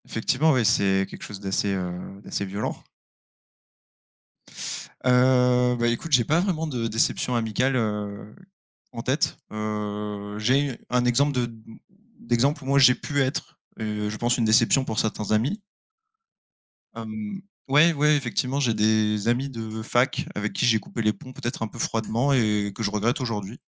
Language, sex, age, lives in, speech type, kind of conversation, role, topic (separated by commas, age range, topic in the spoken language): French, male, 25-29, France, conversation, unstructured, none, Comment réagir lorsqu’un ami vous déçoit profondément ?